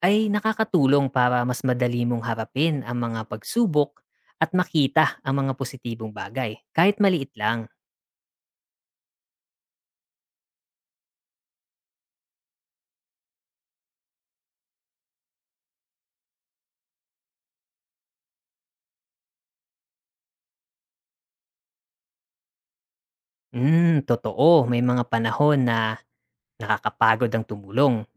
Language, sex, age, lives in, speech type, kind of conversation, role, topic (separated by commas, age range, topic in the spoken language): Filipino, male, 35-39, Philippines, read, expert-assistant, expert, Ano ang mga benepisyo ng pagtulong sa kapwa?